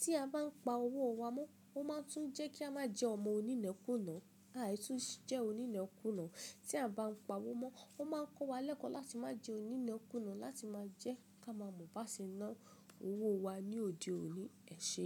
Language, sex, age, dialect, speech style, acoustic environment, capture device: Yoruba, female, 25-34, Nigeria - Ibadan, relaxed, people talking, mobile / Linux / Chrome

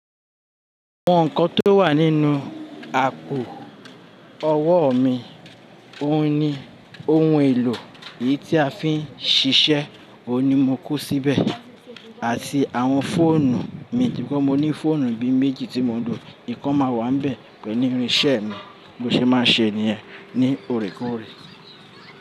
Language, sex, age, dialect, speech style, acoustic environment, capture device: Yoruba, male, 35-44, Nigeria - Lagos Yoruba, happy, people talking, mobile / Linux / Chrome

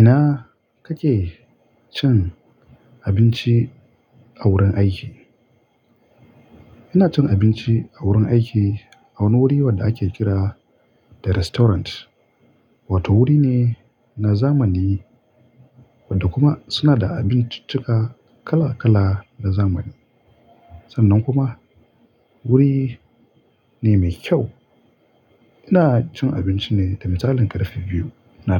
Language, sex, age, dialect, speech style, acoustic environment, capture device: Hausa, male, 25-34, Nigeria - Kano, relaxed, nature; appliances, mobile / Linux / Chrome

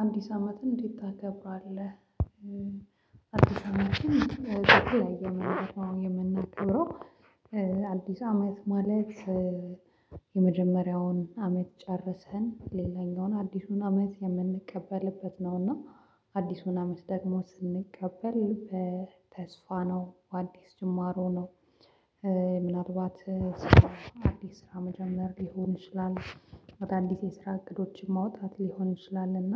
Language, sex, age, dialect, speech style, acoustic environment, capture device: Amharic, female, 25-34, Ethiopia - Addis Ababa, happy, silence, mobile / Linux / Chrome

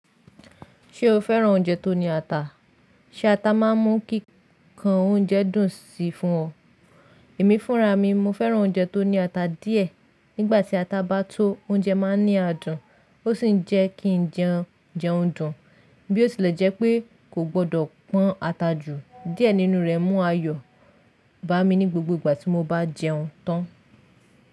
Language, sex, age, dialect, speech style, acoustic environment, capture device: Yoruba, female, 25-34, Nigeria - Lagos Yoruba, relaxed, nature, mobile / Linux / Chrome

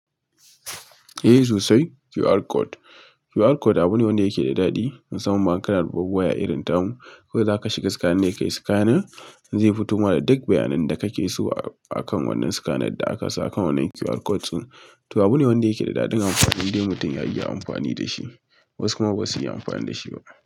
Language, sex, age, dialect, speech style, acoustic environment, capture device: Hausa, male, 25-34, Nigeria - Kano, excited; relaxed, silence, mobile / Linux / Chrome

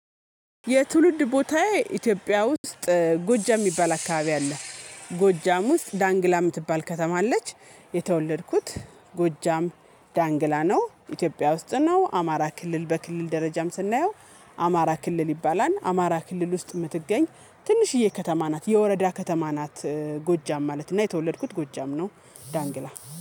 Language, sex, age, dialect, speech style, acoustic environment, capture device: Amharic, female, 35-44, Ethiopia - Gojjam, happy, music, mobile / Linux / Chrome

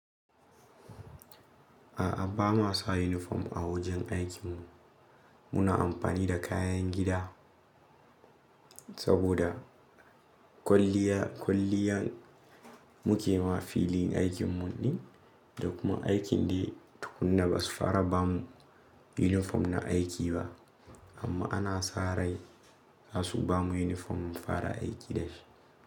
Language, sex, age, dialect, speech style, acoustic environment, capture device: Hausa, male, 18-24, Nigeria - Nigerian Standard, nervous; happy, silence, mobile / Linux / Chrome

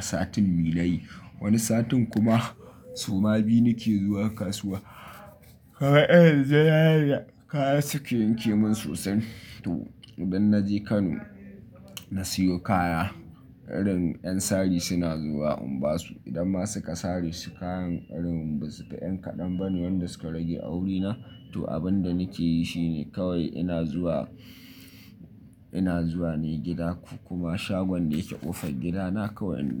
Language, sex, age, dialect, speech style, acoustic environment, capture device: Hausa, male, 25-34, Nigeria - Nigerian Standard, nervous, silence, mobile / Linux / Chrome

